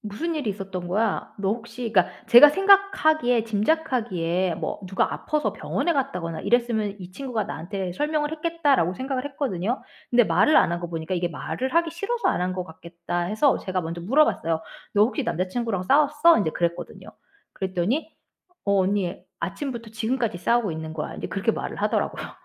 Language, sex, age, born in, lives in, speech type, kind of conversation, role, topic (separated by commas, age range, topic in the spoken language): Korean, female, 40-44, United States, United States, conversation, advice, user, 경계를 잘 세우지 못해 감정적으로 지치고 소진되는 이유와 대처 방법은 무엇인가요?